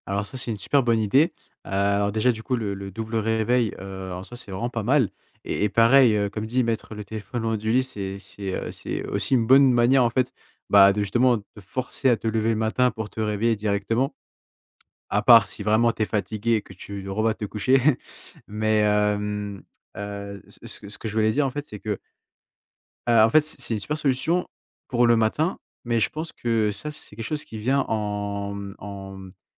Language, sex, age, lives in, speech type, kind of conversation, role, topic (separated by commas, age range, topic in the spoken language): French, male, 20-24, France, conversation, advice, advisor, Comment puis-je éviter de faire la grasse matinée et de manquer mes activités prévues le matin ?